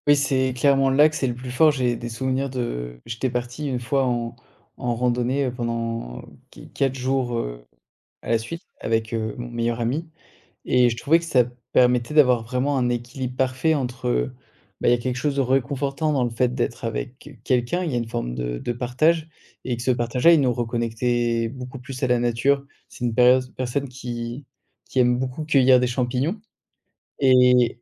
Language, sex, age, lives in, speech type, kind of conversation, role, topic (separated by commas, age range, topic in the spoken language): French, male, 30-34, France, conversation, podcast, guest, Comment la nature te réconforte-t-elle quand tu es stressé ?